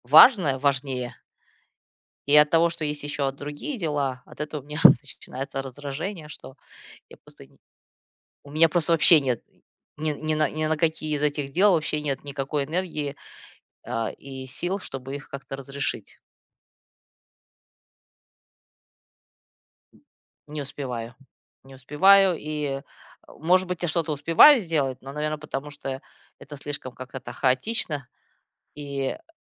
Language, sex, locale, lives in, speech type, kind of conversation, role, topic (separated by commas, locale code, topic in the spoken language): Russian, female, ru-RU, United States, conversation, advice, user, Почему я раздражаюсь и тревожусь, когда пытаюсь отдохнуть дома?